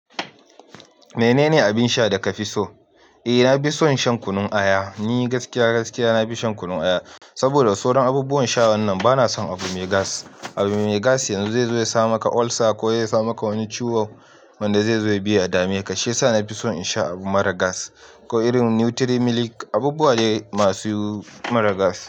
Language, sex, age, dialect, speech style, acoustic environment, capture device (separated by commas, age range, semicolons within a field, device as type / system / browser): Hausa, male, 25-34, Nigeria - Nigerian Standard, happy, silence, mobile / Linux / Chrome